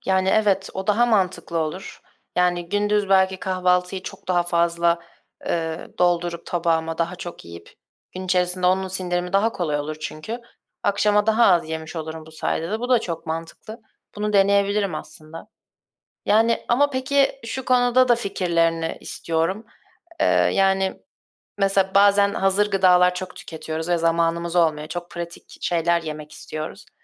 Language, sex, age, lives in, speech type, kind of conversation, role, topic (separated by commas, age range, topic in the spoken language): Turkish, female, 20-24, Germany, conversation, advice, user, Katı diyetleri sürdüremediğin için suçluluk hissettiğinde kendini nasıl hissediyorsun?